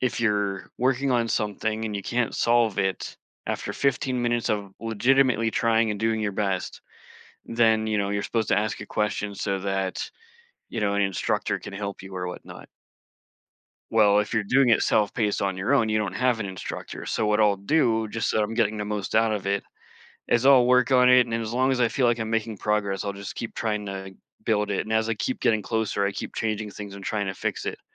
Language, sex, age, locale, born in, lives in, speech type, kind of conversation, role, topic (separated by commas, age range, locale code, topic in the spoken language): English, male, 40-44, en-US, United States, United States, conversation, podcast, guest, What helps you stay motivated and inspired when facing creative challenges?